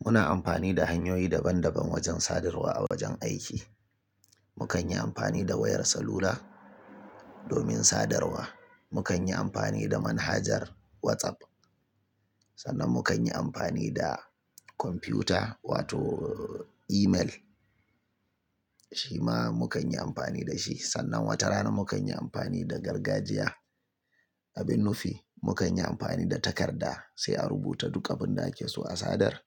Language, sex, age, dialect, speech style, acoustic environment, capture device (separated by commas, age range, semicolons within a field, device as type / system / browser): Hausa, male, 35-44, Nigeria - Kano, relaxed; tired, silence, mobile / Linux / Chrome